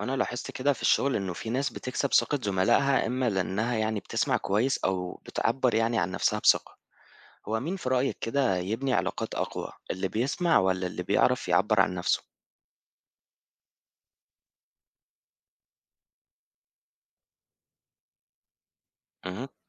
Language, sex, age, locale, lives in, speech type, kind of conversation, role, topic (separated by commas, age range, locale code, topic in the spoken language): Arabic, male, 25-29, ar-EG, Egypt, read, expert-assistant, asker, مين أنجح في تقوية العلاقات: اللي بيعرف يسمع كويس ولا اللي بيعرف يعبر عن نفسه بوضوح؟